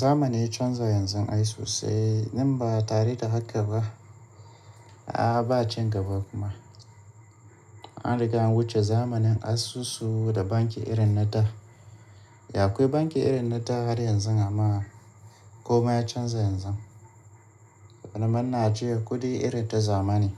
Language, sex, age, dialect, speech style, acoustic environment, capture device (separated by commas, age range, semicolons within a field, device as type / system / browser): Hausa, male, 35-44, Nigeria - Kano, stressed, appliances, mobile / Linux / Chrome